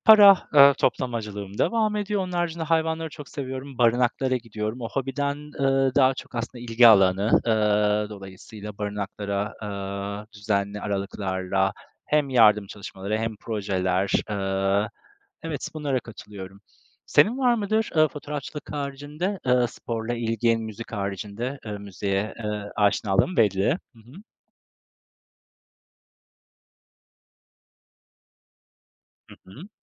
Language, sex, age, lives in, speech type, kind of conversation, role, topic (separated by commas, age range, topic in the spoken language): Turkish, male, 35-39, Poland, conversation, unstructured, none, Hobilerin yeni beceriler öğrenmene nasıl yardımcı oluyor?